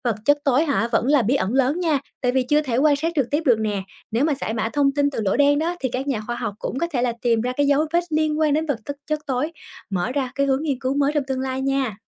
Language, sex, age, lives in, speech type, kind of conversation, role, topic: Vietnamese, female, 25-29, Vietnam, read, expert-assistant, expert, Có nhiều thông tin về lỗ đen, nhưng thực ra lỗ đen là gì và điều gì về chúng vẫn khiến các nhà khoa học băn khoăn?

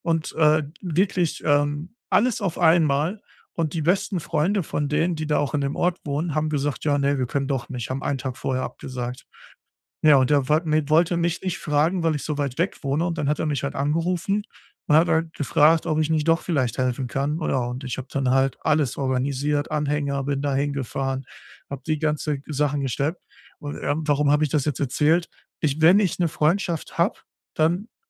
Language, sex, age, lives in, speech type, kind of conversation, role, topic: German, male, 35-39, Germany, conversation, advice, user, Wie finde ich eine gute Balance zwischen Zeit mit anderen und Zeit allein?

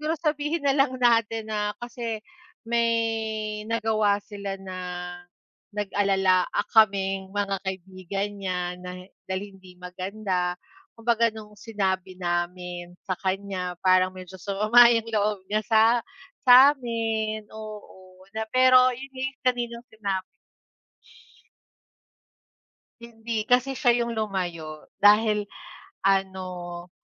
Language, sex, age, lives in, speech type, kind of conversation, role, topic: Filipino, female, 35-39, Philippines, conversation, unstructured, none, Paano mo pinipili kung sino ang tunay mong kaibigan?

